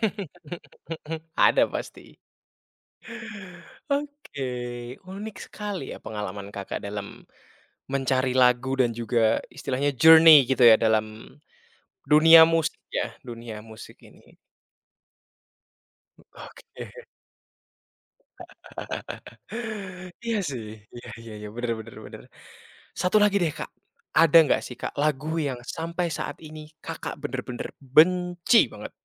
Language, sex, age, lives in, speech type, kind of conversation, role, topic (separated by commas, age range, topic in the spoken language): Indonesian, male, 20-24, Indonesia, conversation, podcast, host, Bagaimana biasanya kamu menemukan musik baru?